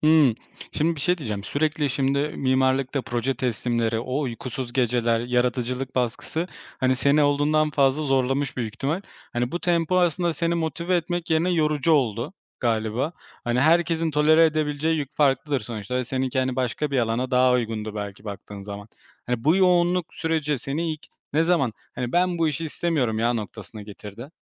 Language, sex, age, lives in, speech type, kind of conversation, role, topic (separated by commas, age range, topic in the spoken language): Turkish, male, 25-29, Poland, conversation, podcast, host, Hayatında verdiğin en yanlış karardan ne öğrendin, anlatır mısın?